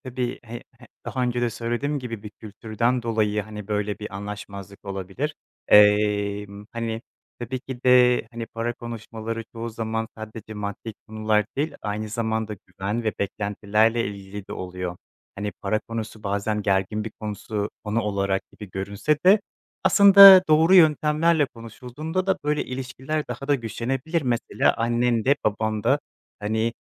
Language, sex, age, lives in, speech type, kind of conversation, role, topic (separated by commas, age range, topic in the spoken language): Turkish, male, 25-29, Poland, conversation, podcast, host, Eşler arasında para konuşmaları nasıl yapılmalı?